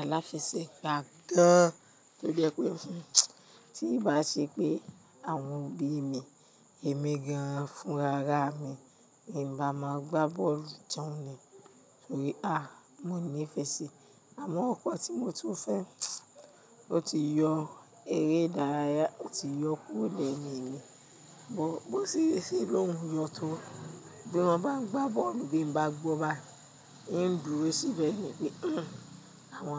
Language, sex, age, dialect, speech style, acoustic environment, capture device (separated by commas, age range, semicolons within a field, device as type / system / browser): Yoruba, female, 45-54, Nigeria - Nigerian Standard, happy, silence, mobile / Linux / Chrome